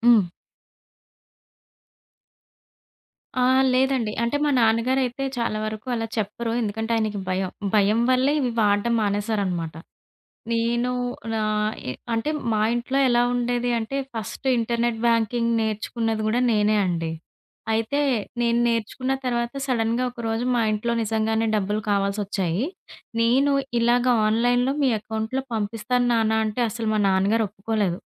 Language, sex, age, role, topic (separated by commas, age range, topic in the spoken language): Telugu, female, 30-34, guest, డిజిటల్ చెల్లింపులకు మారిన మీ అనుభవం ఎలా ఉంది?